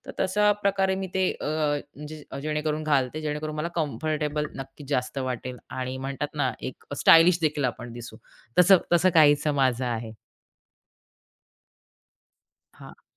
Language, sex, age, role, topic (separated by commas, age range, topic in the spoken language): Marathi, female, 30-34, guest, आराम आणि फॅशन यांच्यात संतुलन तुम्ही कसे साधता?